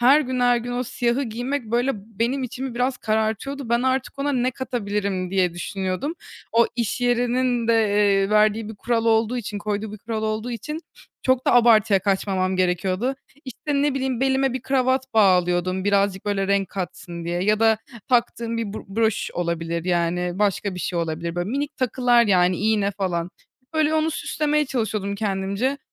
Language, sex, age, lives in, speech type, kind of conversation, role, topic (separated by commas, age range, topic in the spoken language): Turkish, female, 20-24, Germany, conversation, podcast, guest, Kişisel stilin zaman içinde nasıl değişti, örnek verebilir misin?